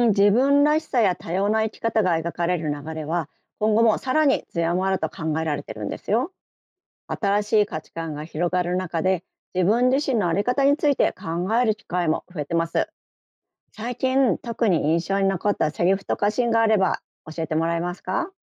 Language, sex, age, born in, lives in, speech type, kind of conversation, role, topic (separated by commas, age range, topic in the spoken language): Japanese, female, 50-54, Japan, Japan, read, expert-assistant, expert, 有名な恋愛小説が別の国で生まれていたら、愛のかたちはどう違ったでしょうか？